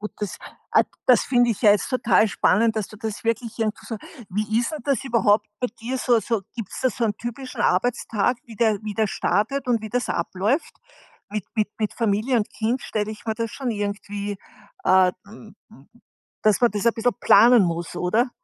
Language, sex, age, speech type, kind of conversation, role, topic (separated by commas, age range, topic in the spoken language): German, female, 60-64, conversation, podcast, host, Wie ziehst du klare Grenzen zwischen Homeoffice und Familienleben?